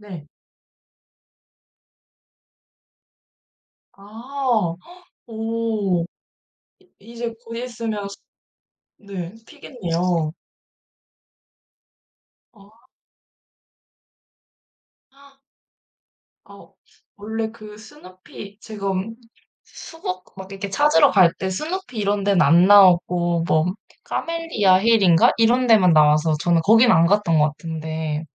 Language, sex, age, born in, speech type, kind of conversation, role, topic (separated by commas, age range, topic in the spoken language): Korean, female, 20-24, South Korea, conversation, unstructured, none, 우리 동네에서 가장 기억에 남는 축제는 무엇인가요?